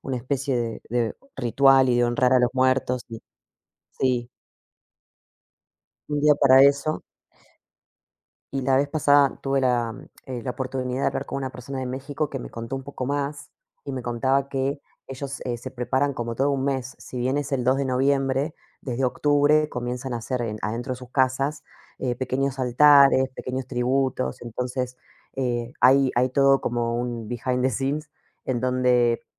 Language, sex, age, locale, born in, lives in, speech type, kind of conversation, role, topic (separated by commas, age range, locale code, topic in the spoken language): Spanish, female, 35-39, es-AR, Argentina, Portugal, conversation, unstructured, none, ¿Crees que la muerte cambia la forma en que valoramos la vida?